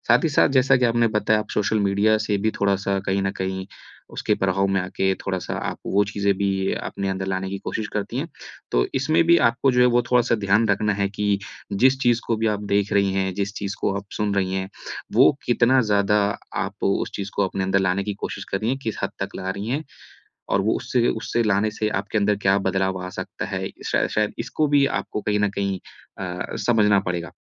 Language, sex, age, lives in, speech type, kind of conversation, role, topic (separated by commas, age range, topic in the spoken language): Hindi, male, 30-34, India, conversation, advice, advisor, मैं अपने कथन और कृत्य में समंजस्य कैसे ला सकता/सकती हूँ?